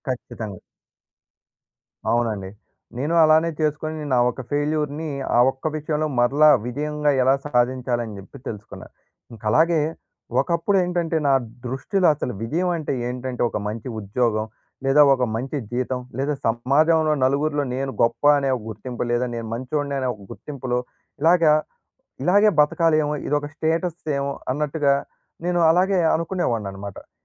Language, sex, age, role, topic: Telugu, male, 20-24, guest, విఫలం చూసిన తర్వాత మీకు ‘విజయం’ అంటే ఏమిటన్న భావం ఎలా మారింది?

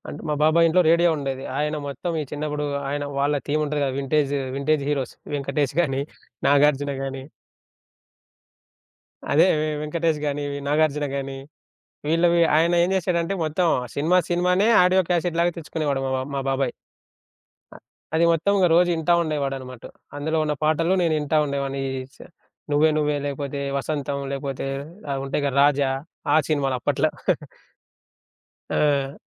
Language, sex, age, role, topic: Telugu, male, 25-29, guest, ఫోన్‌లో వచ్చే పాటల సూచనలు మీ సంగీత అభిరుచిని ఎలా మార్చాయి?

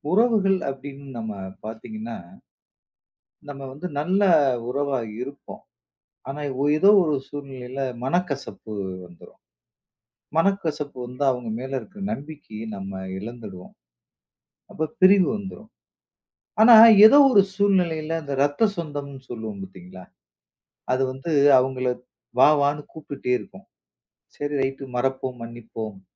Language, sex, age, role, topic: Tamil, male, 40-44, host, நம்பிக்கை குலைந்த பிறகு ஒரு உறவின் மீது மீண்டும் நம்பிக்கை வைக்க எப்படி முடியும்?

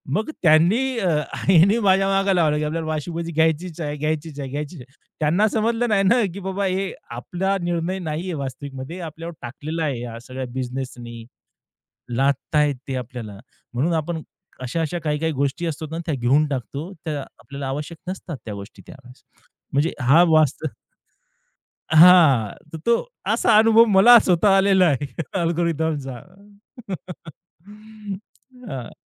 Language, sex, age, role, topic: Marathi, male, 30-34, guest, अल्गोरिदम आपण काय पाहतो ते कसे ठरवतात?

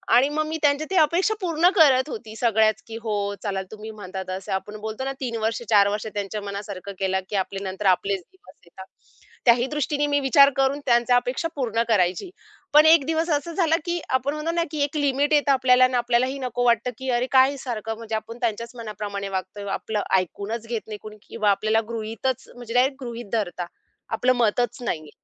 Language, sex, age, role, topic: Marathi, female, 30-34, guest, लग्नात कुटुंबाच्या अपेक्षा किती महत्त्वाच्या असतात?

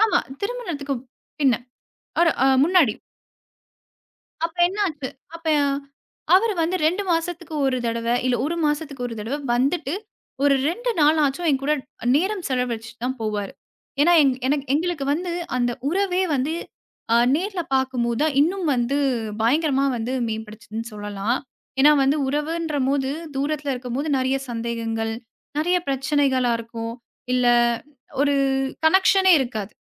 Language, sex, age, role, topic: Tamil, female, 25-29, guest, நேரில் சந்திப்பது இன்று கூட முக்கியமா?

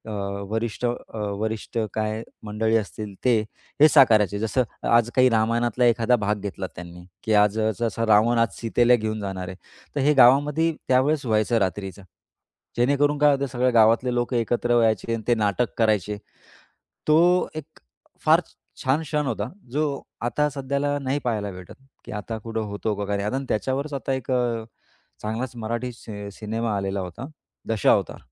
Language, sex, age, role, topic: Marathi, male, 35-39, guest, आधुनिक जीवनशैलीमुळे कोणत्या प्रथा लोप पावत गेल्या असतील, असे तुम्हाला वाटते?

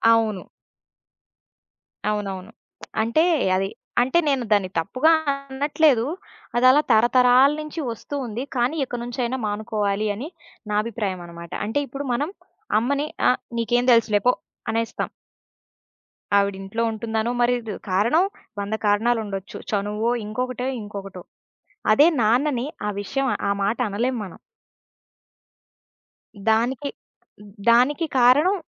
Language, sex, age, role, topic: Telugu, female, 20-24, guest, సూటిగా చెప్పడం, మర్యాదగా చెప్పడం మధ్య మీరు మాటలను ఎలా ఎంచుకుంటారు?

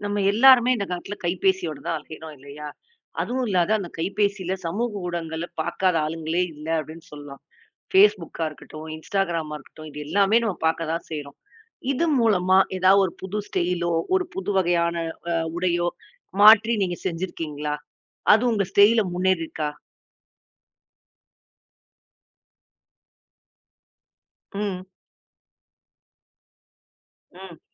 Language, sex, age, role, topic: Tamil, female, 40-44, host, தொழில்நுட்பம் மற்றும் சமூக ஊடகங்கள் உங்கள் உடை அணிவகையை எவ்வளவு மாற்றியுள்ளன?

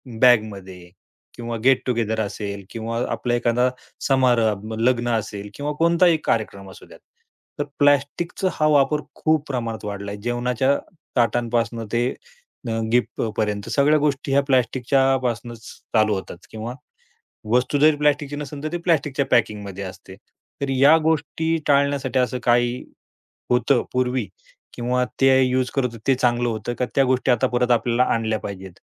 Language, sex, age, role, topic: Marathi, male, 35-39, host, दैनंदिन आयुष्यात प्लास्टिकचा वापर कमीत कमी करण्यासाठी कोणते सोपे आणि व्यवहार्य उपाय करता येतील?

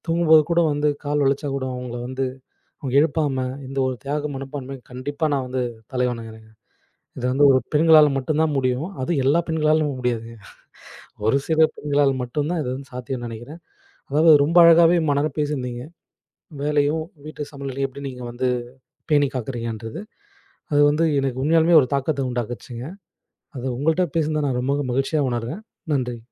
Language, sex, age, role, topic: Tamil, male, 25-29, host, வேலை மற்றும் வீட்டுப் பொறுப்புகளுக்கிடையிலான சமநிலையை நீங்கள் எப்படி பேணுகிறீர்கள்?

